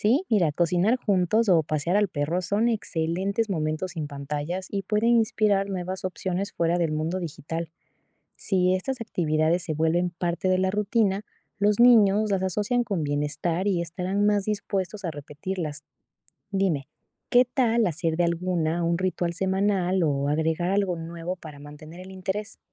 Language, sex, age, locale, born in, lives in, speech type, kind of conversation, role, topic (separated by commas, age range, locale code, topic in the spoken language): Spanish, female, 25-29, es-EC, Ecuador, Spain, read, expert-assistant, expert, ¿Cómo podemos reducir el uso excesivo de pantallas en la familia?